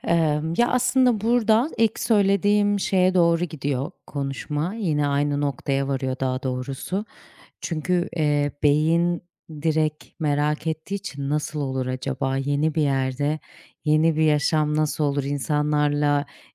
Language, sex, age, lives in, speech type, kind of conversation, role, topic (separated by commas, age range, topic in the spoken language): Turkish, female, 30-34, Portugal, conversation, unstructured, none, Hayatta yeni şeyler denemek seni heyecanlandırır mı?